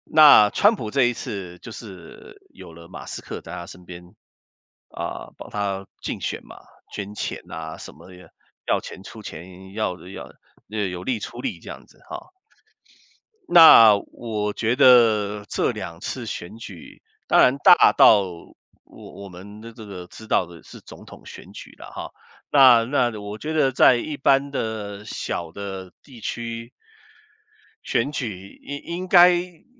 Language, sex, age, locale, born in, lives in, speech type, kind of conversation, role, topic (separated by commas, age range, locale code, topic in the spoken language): Chinese, male, 55-59, zh-CN, Taiwan, United States, conversation, unstructured, none, 你怎么看待选举的公平性？